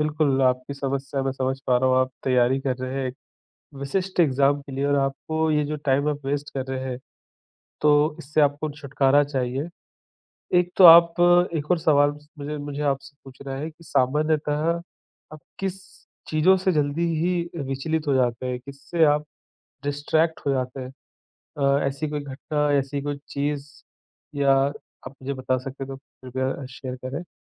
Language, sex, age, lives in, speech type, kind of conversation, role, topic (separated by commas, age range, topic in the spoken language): Hindi, male, 35-39, India, conversation, advice, advisor, बार-बार ध्यान भटकने से मेरा तय किया हुआ समय-खंड कैसे न टूटे?